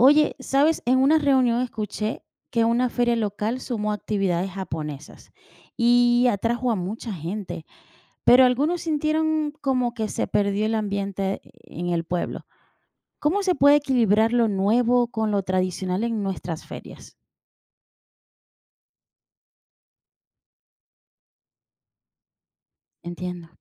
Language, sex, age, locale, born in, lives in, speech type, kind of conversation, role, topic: Spanish, female, 40-44, es-VE, Venezuela, United States, read, expert-assistant, asker, ¿Cómo equilibrar lo tradicional y lo nuevo en las ferias comunitarias?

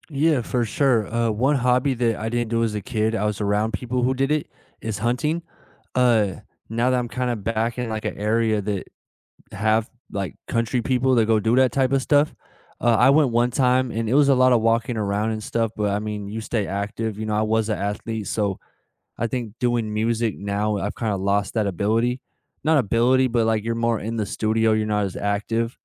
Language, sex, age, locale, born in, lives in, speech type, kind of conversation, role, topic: English, male, 25-29, en-US, United States, United States, conversation, unstructured, none, Which childhood tradition have you carried into adulthood or reinvented, and how does it still connect you to people and places you love?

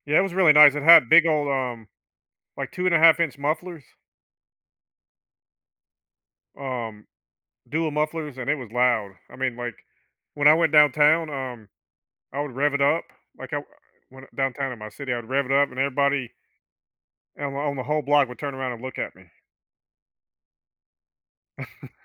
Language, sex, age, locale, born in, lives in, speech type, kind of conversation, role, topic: English, male, 50-54, en-US, United States, United States, conversation, podcast, guest, What helps you maintain a healthy separation between your job and your personal life?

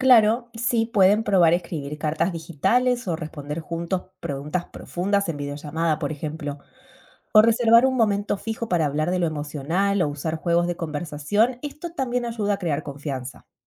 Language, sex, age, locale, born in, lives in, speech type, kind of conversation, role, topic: Spanish, female, 35-39, es-AR, Argentina, United States, read, expert-assistant, expert, ¿De qué formas creativas se puede mantener una comunicación abierta y honesta en relaciones a distancia?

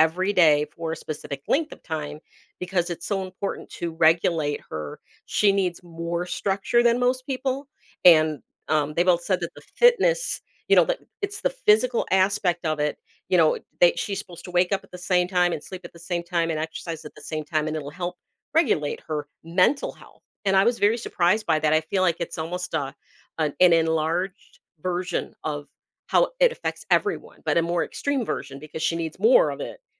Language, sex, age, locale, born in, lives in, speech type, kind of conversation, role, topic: English, female, 55-59, en-US, United States, United States, conversation, podcast, host, How can regular physical activity support our mental well-being?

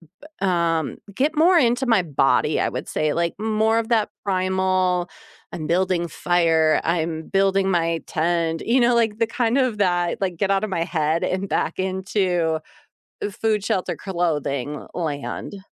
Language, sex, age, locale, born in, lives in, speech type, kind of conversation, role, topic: English, female, 40-44, en-US, United States, United States, conversation, unstructured, none, How does spending time outside affect your mood?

